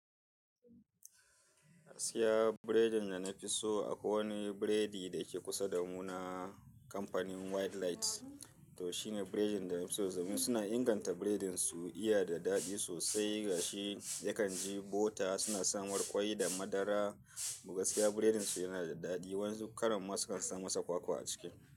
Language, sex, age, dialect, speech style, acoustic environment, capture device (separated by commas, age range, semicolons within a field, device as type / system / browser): Hausa, male, 35-44, Nigeria - Kano, happy, people talking, mobile / Linux / Chrome